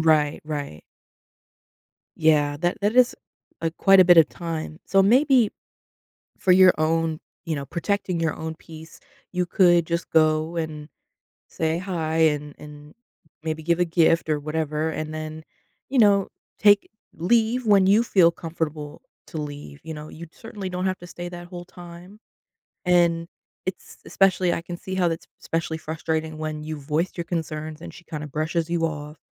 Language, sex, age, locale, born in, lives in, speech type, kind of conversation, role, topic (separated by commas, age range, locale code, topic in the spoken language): English, female, 25-29, en-US, United States, United States, conversation, advice, advisor, How can I make social gatherings less awkward?